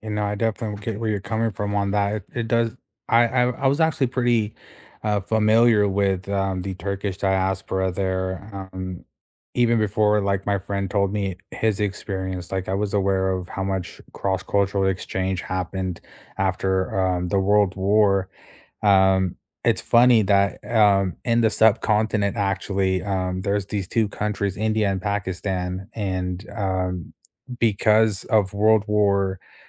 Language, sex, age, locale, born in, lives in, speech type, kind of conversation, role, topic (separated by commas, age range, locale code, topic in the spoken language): English, male, 25-29, en-US, United States, United States, conversation, unstructured, none, How do you pass on cultural knowledge to younger generations?